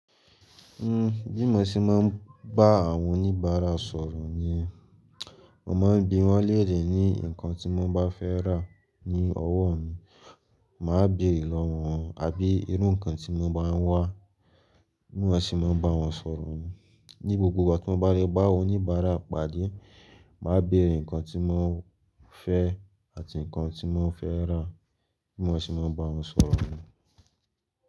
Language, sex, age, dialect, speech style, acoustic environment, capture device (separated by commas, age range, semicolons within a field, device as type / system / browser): Yoruba, male, 18-24, Nigeria - Lagos, focused; anxious, people talking; silence, mobile / Linux / Chrome